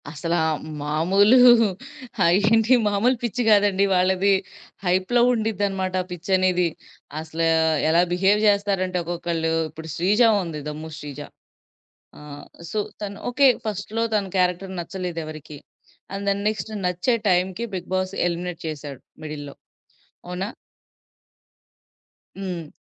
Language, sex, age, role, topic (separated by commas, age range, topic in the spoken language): Telugu, female, 25-29, guest, మీకు ప్రస్తుతం అత్యంత ఇష్టమైన టీవీ కార్యక్రమం ఏది?